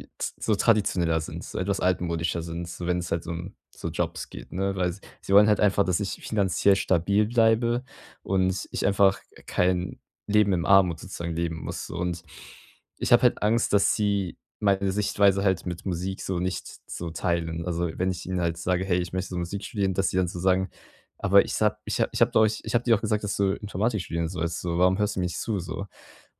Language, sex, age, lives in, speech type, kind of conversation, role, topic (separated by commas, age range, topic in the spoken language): German, male, 18-19, Germany, conversation, advice, user, Wie kann ich nach einer wichtigen Entscheidung motiviert und verantwortungsbewusst bleiben?